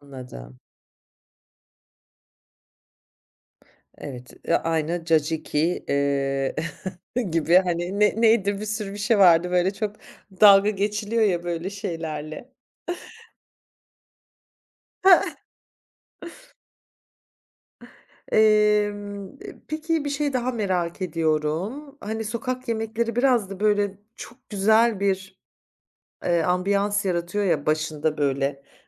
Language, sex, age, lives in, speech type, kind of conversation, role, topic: Turkish, female, 45-49, France, conversation, podcast, host, Sokak yemeklerini keşfetmeyi en çok nasıl seversin?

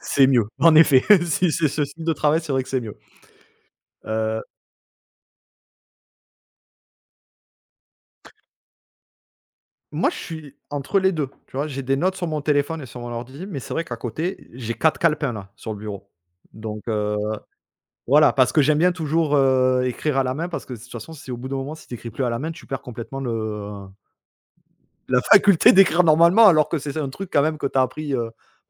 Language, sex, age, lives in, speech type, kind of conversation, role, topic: French, male, 35-39, France, conversation, unstructured, none, Quel livre de littérature jeunesse t’a le plus marqué pendant ton enfance ?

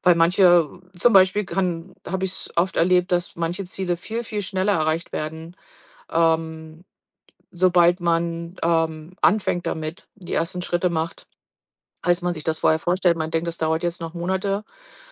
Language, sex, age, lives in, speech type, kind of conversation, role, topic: German, female, 45-49, United States, conversation, podcast, guest, Wie sortierst du Prioritäten, wenn alles wichtig erscheint?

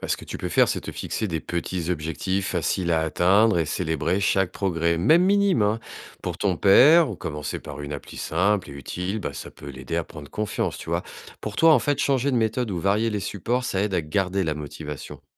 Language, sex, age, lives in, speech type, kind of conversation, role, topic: French, male, 45-49, France, read, expert-assistant, expert, Comment notre façon d’apprendre change-t-elle à chaque grande étape de la vie ?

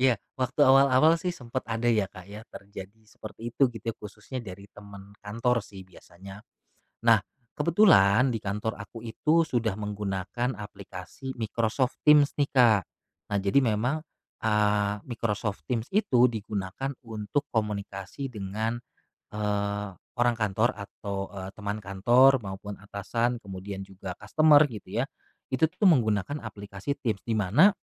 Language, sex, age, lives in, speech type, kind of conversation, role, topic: Indonesian, male, 35-39, Indonesia, conversation, podcast, guest, Apa trik supaya notifikasi tidak mengganggu pekerjaanmu?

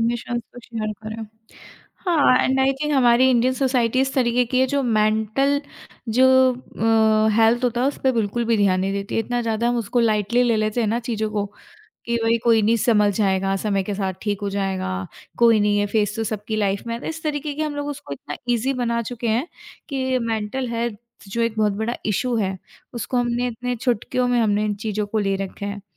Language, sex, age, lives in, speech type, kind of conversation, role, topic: Hindi, female, 25-29, India, conversation, podcast, host, Tum apni kamzoriyaan saamne rakhne mein kyun hichkichate ho?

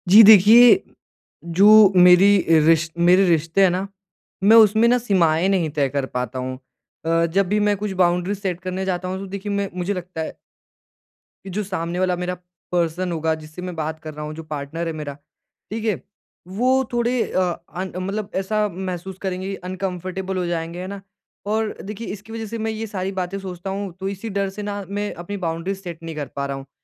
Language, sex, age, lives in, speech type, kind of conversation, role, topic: Hindi, male, 20-24, India, conversation, advice, user, मैं अपने रिश्ते में सीमाएँ तय करने और स्वस्थ संवाद शुरू करने के लिए क्या करूँ?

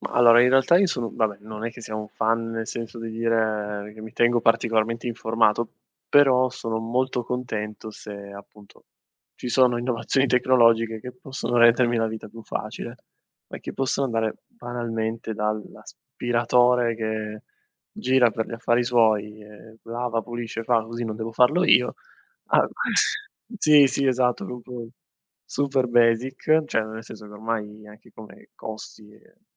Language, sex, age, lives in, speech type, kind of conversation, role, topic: Italian, male, 25-29, Italy, conversation, podcast, guest, Quale innovazione domestica adotteresti subito nella tua casa?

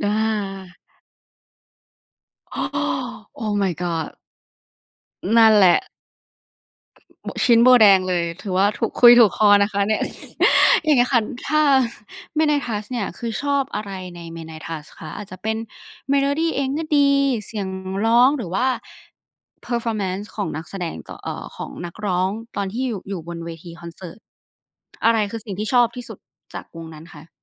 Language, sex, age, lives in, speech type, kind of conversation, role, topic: Thai, female, 20-24, Thailand, conversation, podcast, host, คุณมักค้นพบเพลงใหม่ๆ แบบไหนแล้วรู้สึกติดใจที่สุด?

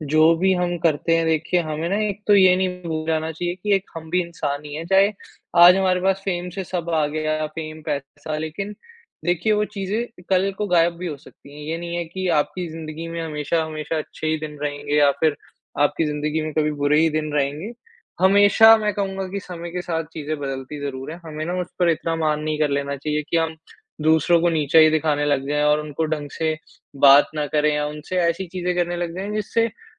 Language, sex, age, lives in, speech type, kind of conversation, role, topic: Hindi, male, 55-59, India, conversation, podcast, guest, इंटरनेट पर मिली प्रसिद्धि और असली ज़िंदगी में आपको कैसा फर्क महसूस होता है?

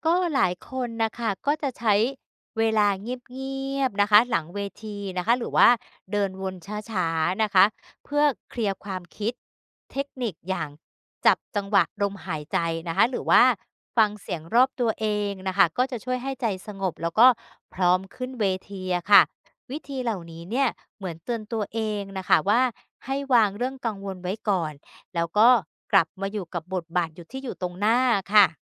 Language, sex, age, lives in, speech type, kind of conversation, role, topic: Thai, female, 50-54, Thailand, read, expert-assistant, expert, อะไรคือทักษะสำคัญที่ทำให้การแสดงโดดเด่นกว่าคนอื่น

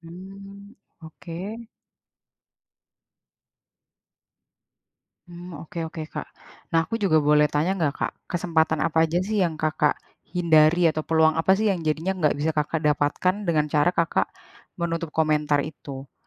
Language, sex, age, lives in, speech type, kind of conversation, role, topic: Indonesian, female, 30-34, Indonesia, conversation, advice, advisor, Bagaimana ketakutan akan penolakan atau kritik membuat Anda menghindari peluang?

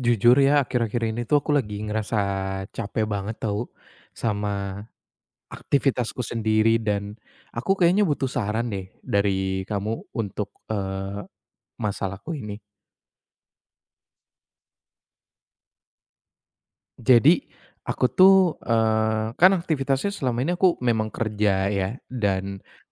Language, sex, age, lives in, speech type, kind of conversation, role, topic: Indonesian, male, 25-29, Indonesia, conversation, advice, user, Bagaimana cara menyeimbangkan waktu istirahat dan bersosialisasi saat sedang sibuk?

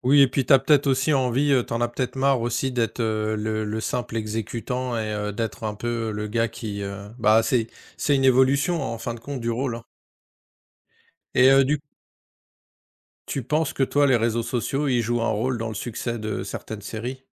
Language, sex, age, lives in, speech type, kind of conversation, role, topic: French, male, 45-49, France, conversation, unstructured, none, Pourquoi penses-tu que les séries télévisées sont si populaires aujourd’hui ?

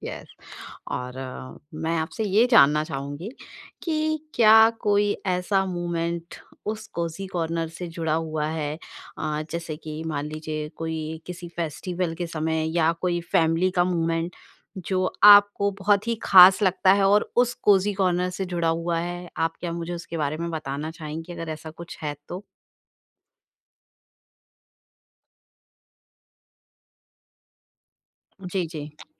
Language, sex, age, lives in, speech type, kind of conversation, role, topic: Hindi, female, 40-44, India, conversation, podcast, host, Ghar mein aapka sabse pasandida aaramdeh kona kahaan hai, aur aapko woh itna pasand kyun hai?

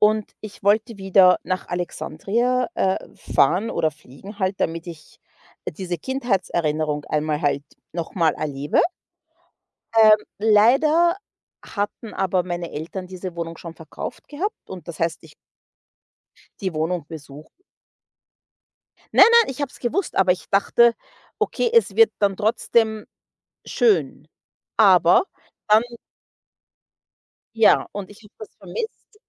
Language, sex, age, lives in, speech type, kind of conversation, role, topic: German, female, 30-34, United States, conversation, unstructured, none, Wie fühlt es sich an, wenn du einen Ort, der dir viel bedeutet, nicht mehr besuchen kannst?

